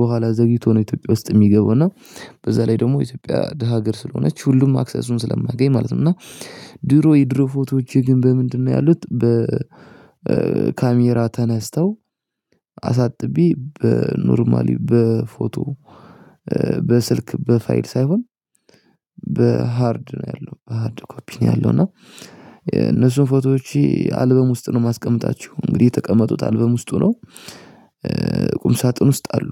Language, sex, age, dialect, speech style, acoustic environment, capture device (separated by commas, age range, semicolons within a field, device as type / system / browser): Amharic, male, 18-24, Ethiopia - Addis Ababa, happy, silence, mobile / Linux / Chrome